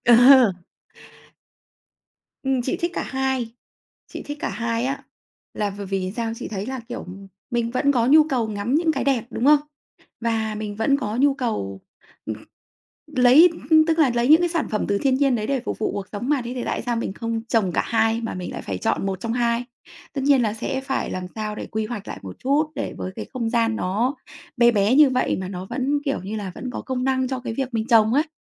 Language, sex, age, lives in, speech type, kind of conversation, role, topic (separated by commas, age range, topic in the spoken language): Vietnamese, female, 35-39, Vietnam, conversation, podcast, guest, Bạn làm gì để giữ kết nối với thiên nhiên khi sống ở thành phố?